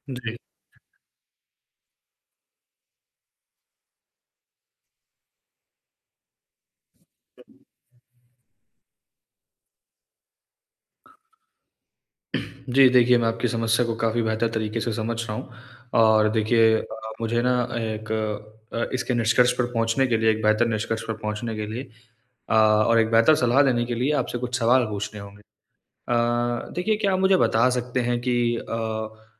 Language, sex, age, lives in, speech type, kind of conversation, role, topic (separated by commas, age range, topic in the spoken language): Hindi, male, 20-24, India, conversation, advice, advisor, बदलाव के दौरान मैं भावनात्मक रूप से स्थिर कैसे रहूँ?